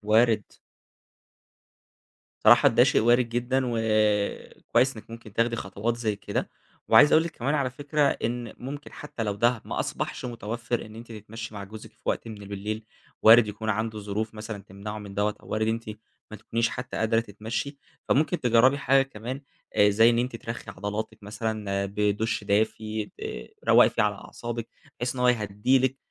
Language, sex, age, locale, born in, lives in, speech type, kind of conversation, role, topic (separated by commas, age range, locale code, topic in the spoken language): Arabic, male, 20-24, ar-EG, Egypt, Egypt, conversation, advice, advisor, إزاي أقدر أنام كويس لما الأفكار بتفضل تتكرر في دماغي؟